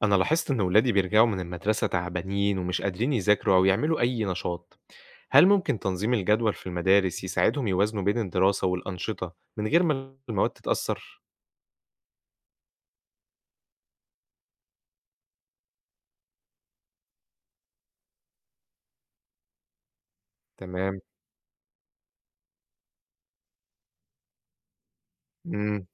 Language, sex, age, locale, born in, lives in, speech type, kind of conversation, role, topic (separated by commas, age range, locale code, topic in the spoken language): Arabic, male, 25-29, ar-EG, Egypt, Egypt, read, expert-assistant, asker, إزاي المدارس ممكن تنظم وقت الحصص عشان تدي فرصة أكتر للأنشطة والمواد المهمة؟